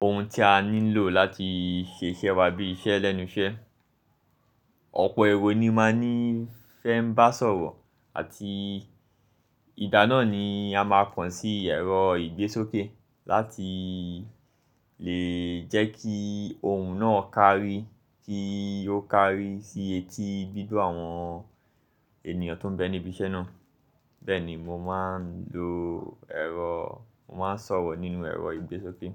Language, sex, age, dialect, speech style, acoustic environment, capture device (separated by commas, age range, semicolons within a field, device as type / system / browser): Yoruba, male, 25-34, Nigeria - Lagos Yoruba, focused, music, mobile / Linux / Chrome